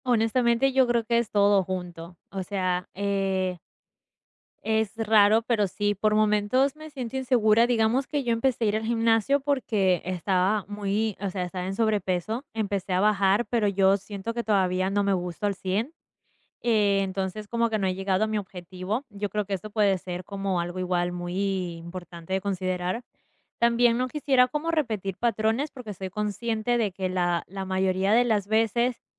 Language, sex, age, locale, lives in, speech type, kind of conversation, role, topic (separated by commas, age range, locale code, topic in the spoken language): Spanish, female, 20-24, es-EC, United States, conversation, advice, user, ¿Cómo puedo manejar el miedo a volver a salir y la ansiedad al intentar tener citas nuevas?